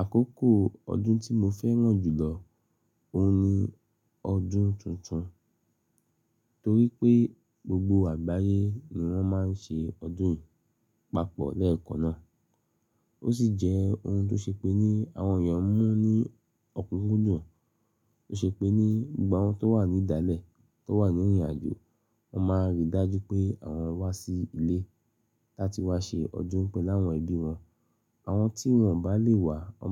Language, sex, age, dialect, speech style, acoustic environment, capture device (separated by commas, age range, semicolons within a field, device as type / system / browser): Yoruba, male, 35-44, Nigeria - Lagos Yoruba, happy, silence, mobile / Linux / Chrome